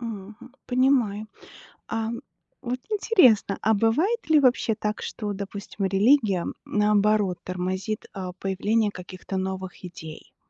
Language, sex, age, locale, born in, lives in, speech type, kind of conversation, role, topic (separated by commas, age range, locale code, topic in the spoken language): Russian, female, 40-44, ru-RU, Belarus, United States, read, expert-assistant, asker, Почему иногда идеи одной религии начинают расходиться, и появляются новые направления или общины?